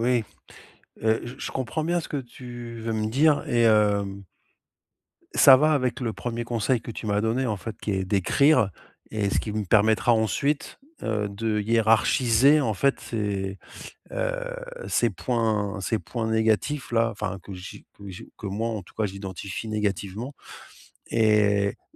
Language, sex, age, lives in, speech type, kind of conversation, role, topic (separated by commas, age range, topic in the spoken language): French, male, 50-54, Spain, conversation, advice, user, Accepter l'incontrôlable et lâcher prise